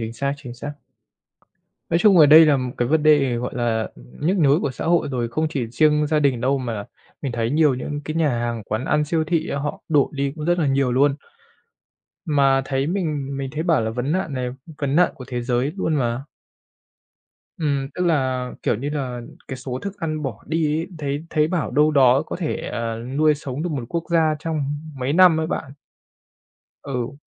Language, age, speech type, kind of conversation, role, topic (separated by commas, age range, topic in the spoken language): Vietnamese, 30-34, conversation, unstructured, none, Bạn có cho rằng lãng phí thức ăn là một vấn đề nghiêm trọng không?